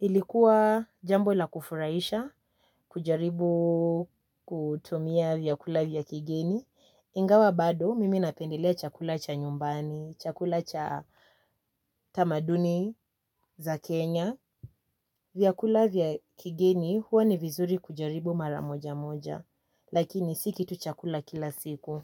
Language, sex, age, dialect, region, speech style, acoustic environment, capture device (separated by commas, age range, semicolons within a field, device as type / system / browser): Swahili, female, 18-24, Kenya - Nairobi Sheng-influenced, Kenya, happy, silence, mobile / Linux / Chrome